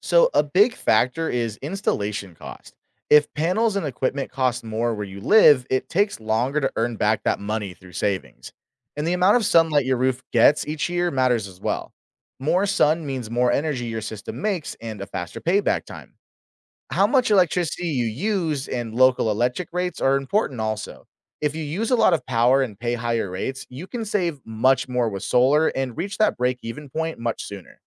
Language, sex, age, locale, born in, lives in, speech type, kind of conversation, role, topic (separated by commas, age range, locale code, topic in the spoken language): English, male, 25-29, en-US, United States, United States, read, expert-assistant, expert, How do solar panels convert sunlight into electricity?